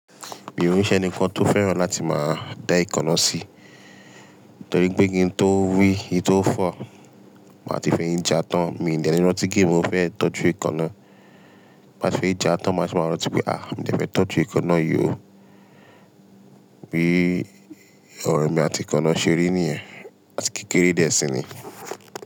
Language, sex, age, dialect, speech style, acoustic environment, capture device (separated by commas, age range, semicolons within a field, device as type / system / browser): Yoruba, male, 25-34, Nigeria - Lagos Yoruba, relaxed; tired, appliances, mobile / Linux / Chrome